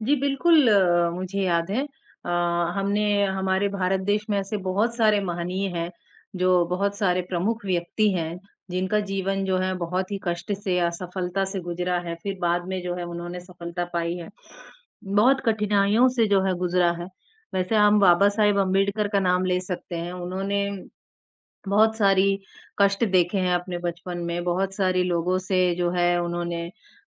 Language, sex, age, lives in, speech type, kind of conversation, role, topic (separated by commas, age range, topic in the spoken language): Hindi, male, 18-19, India, conversation, unstructured, none, आपके अनुसार असफलता से क्या सीखना चाहिए?